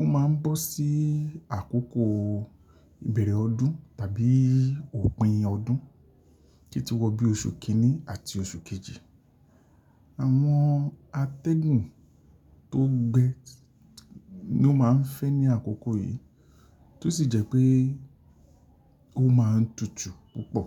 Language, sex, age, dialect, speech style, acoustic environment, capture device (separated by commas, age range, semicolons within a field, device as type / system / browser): Yoruba, male, 45-54, Nigeria - Lagos Yoruba, relaxed, people talking; traffic, mobile / Linux / Chrome